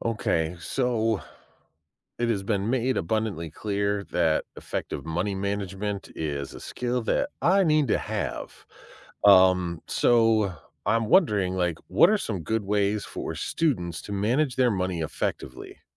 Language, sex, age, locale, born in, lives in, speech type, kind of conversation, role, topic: English, male, 40-44, en-US, United States, United States, read, expert-assistant, asker, What are effective ways for students to manage money?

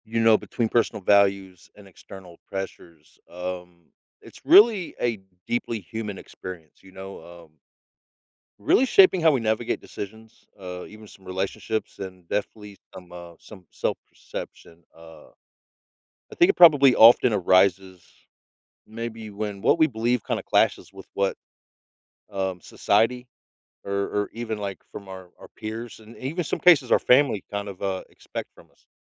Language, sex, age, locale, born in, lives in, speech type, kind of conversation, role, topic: English, male, 40-44, en-US, United States, United States, conversation, podcast, guest, How do you navigate staying authentic while managing the expectations of others?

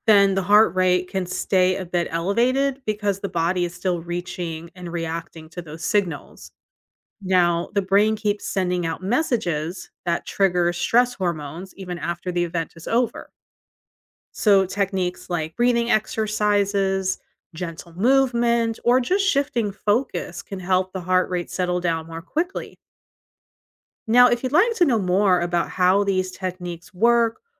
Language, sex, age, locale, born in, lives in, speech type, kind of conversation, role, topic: English, female, 40-44, en-US, United States, United States, read, expert-assistant, expert, Why does the heart race when someone is nervous or excited?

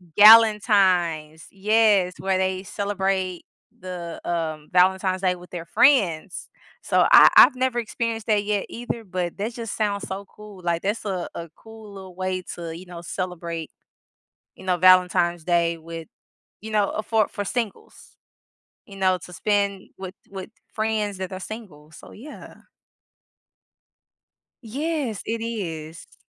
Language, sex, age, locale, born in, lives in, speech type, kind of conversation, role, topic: English, female, 35-39, en-US, United States, United States, conversation, unstructured, none, What makes a celebration meaningful to you when spending time with others?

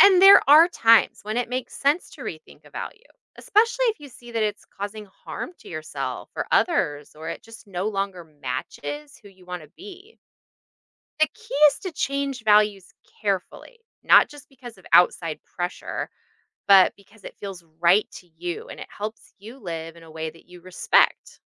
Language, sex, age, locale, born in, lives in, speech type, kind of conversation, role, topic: English, female, 40-44, en-US, United States, United States, read, expert-assistant, expert, How do personal values influence daily decisions?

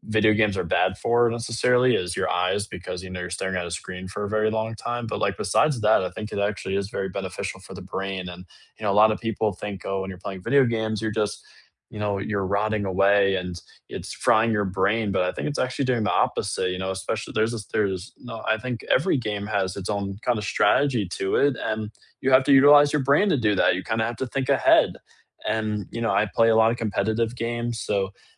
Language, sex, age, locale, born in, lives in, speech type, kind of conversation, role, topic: English, male, 18-19, en-US, United States, United States, conversation, unstructured, none, How do you unwind after a busy day?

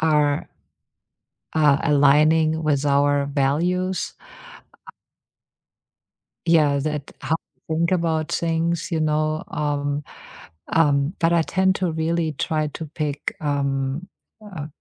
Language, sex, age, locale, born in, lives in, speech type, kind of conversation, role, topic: English, female, 50-54, en-DE, Germany, United States, conversation, unstructured, none, How has the way you stay informed about current events changed over the years?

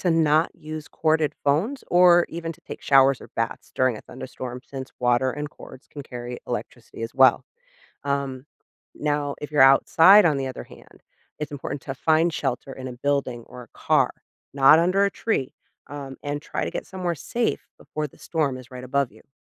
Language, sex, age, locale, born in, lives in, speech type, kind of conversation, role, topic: English, female, 40-44, en-US, United States, United States, read, expert-assistant, expert, What causes lightning during thunderstorms?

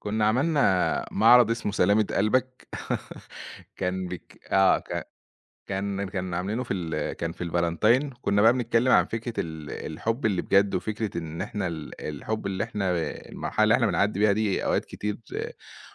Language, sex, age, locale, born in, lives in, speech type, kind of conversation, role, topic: Arabic, male, 30-34, ar-EG, Egypt, Egypt, conversation, podcast, guest, إزاي تبني علاقات جديدة لما تنتقل لمدينة جديدة؟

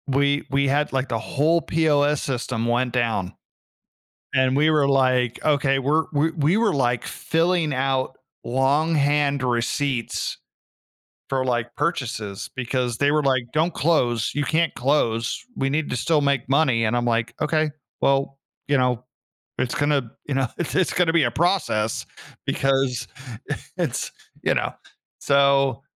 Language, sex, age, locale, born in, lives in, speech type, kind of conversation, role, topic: English, male, 40-44, en-US, United States, United States, conversation, podcast, guest, In what ways has technology reshaped our daily lives and interactions?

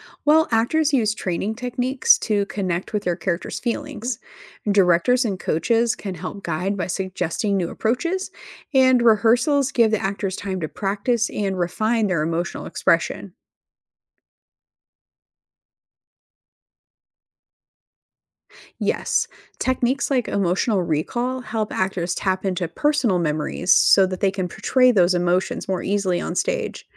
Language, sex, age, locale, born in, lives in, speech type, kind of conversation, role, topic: English, female, 35-39, en-US, United States, United States, read, expert-assistant, expert, How does theater contribute to emotional expression?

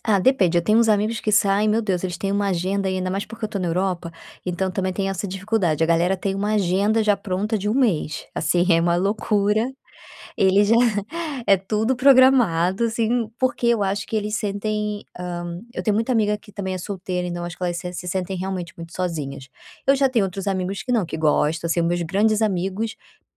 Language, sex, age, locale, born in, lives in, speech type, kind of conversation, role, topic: Portuguese, female, 30-34, pt-BR, Brazil, Spain, conversation, advice, user, Como lidar com a culpa por passar tempo em lazer em casa?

